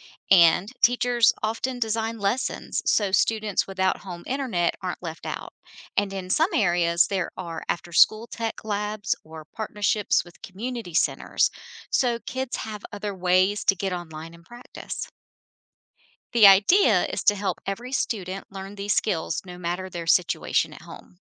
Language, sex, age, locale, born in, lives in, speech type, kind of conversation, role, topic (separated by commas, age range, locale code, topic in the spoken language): English, female, 50-54, en-US, United States, United States, read, expert-assistant, expert, Is digital literacy as important as reading and writing in schools?